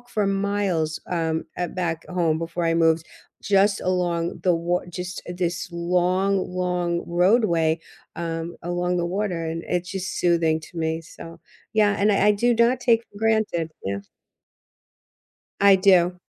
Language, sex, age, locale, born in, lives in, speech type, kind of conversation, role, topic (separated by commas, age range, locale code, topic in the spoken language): English, female, 60-64, en-US, United States, United States, conversation, unstructured, none, What's the story behind your favorite childhood tradition?